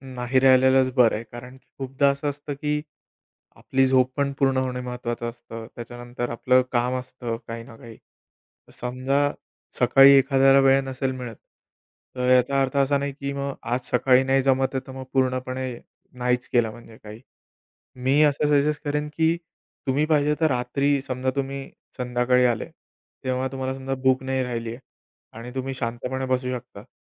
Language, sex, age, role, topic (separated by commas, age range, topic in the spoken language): Marathi, male, 30-34, guest, योग किंवा ध्यानाने तुमचं आयुष्य कसं बदललं?